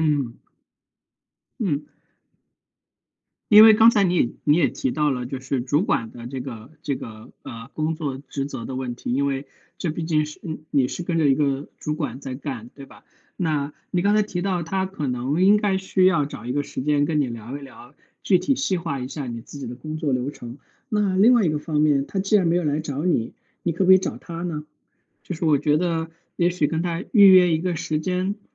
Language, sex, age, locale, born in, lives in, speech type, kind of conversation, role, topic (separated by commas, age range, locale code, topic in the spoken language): Chinese, male, 35-39, zh-CN, China, United States, conversation, advice, advisor, 如何在新工作中更快适应不熟悉的人际关系和工作流程？